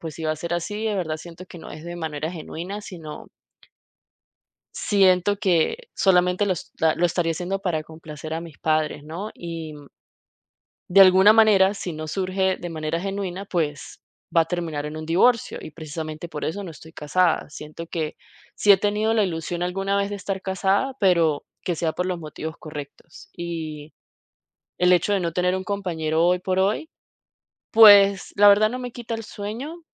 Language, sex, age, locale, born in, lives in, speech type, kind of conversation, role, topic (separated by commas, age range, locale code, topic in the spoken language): Spanish, female, 30-34, es-VE, Venezuela, United States, conversation, advice, user, ¿Cómo puedo manejar la presión de casarme a cierta edad?